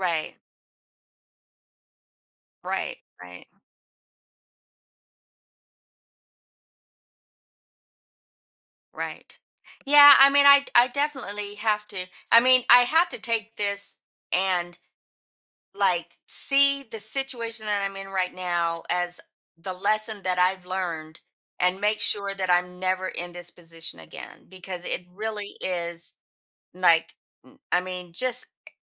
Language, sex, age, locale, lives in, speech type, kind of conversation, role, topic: English, female, 55-59, en-US, United States, conversation, advice, user, How can I set clear boundaries without feeling guilty?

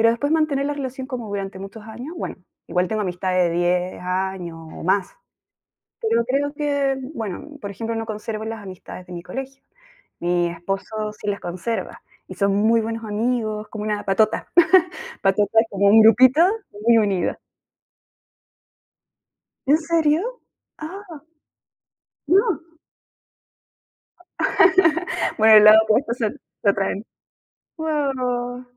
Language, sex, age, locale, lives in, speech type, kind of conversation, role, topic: Spanish, female, 35-39, es-CL, Spain, conversation, unstructured, none, ¿Qué es lo que más valoras en una amistad verdadera?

